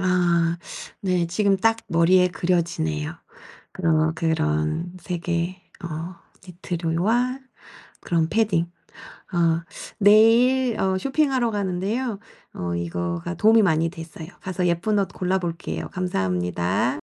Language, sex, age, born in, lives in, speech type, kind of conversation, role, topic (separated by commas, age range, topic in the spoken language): Korean, female, 45-49, South Korea, South Korea, conversation, advice, user, 어떤 패션 스타일이 저에게 가장 잘 어울릴까요?